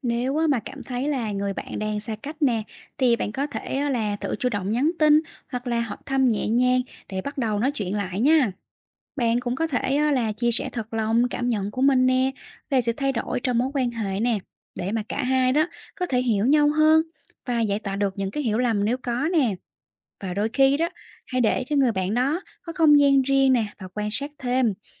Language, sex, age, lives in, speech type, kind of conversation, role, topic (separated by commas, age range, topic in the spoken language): Vietnamese, female, 30-34, Vietnam, read, expert-assistant, expert, Làm thế nào để xây dựng mối quan hệ bạn bè bền vững?